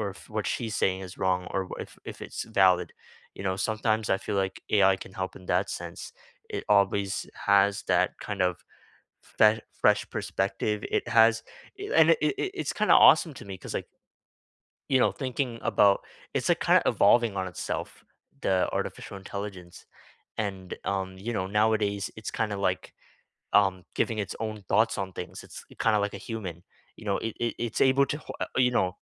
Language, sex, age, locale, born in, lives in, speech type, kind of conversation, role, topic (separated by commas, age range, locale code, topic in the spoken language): English, male, 18-19, en-CA, Canada, Canada, conversation, unstructured, none, What everyday tools or small hacks actually save you time and make life easier?